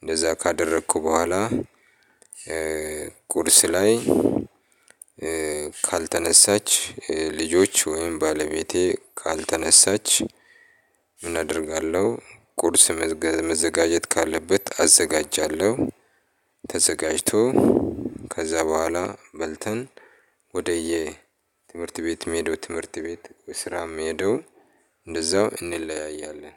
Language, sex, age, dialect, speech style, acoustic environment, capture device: Amharic, male, 35-44, Ethiopia - Addis Ababa, happy; relaxed; excited; focused, people talking; appliances, mobile / Linux / Chrome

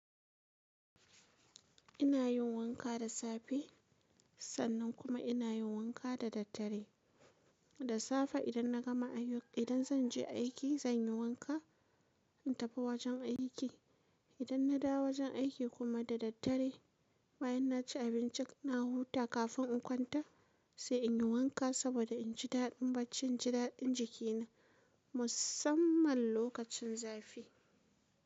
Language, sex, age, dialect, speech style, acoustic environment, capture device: Hausa, female, 25-34, Nigeria - Kano, relaxed, silence, mobile / Linux / Chrome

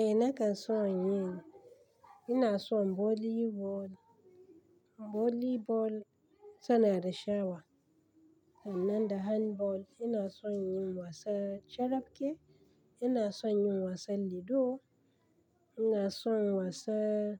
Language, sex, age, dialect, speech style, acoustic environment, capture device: Hausa, female, 35-44, Nigeria - Kano, anxious; relaxed, construction, desktop / Linux / Chrome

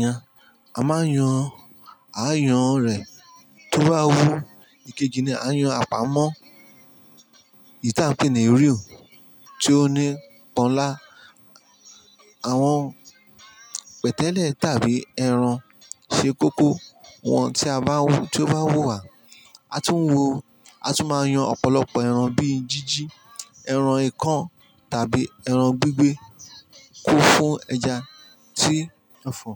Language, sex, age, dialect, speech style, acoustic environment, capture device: Yoruba, male, 35-44, Nigeria - Ijebu, happy; focused; relaxed; excited, people talking; music; construction; traffic; appliances, mobile / Linux / Chrome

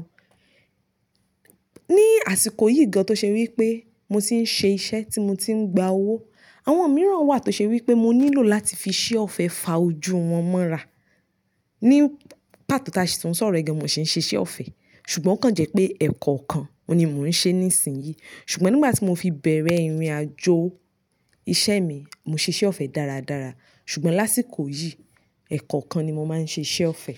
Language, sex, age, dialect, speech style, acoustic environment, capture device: Yoruba, female, 25-34, Nigeria - Nigerian Standard, excited, silence, mobile / Linux / Chrome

